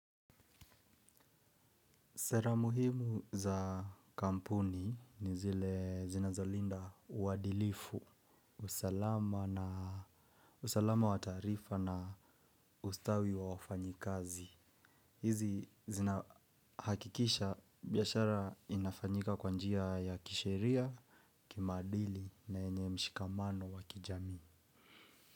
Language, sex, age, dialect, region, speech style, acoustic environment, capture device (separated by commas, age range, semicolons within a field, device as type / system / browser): Swahili, male, 25-34, Kenya - Nairobi Sheng-influenced, Kenya, relaxed, silence, mobile / Linux / Chrome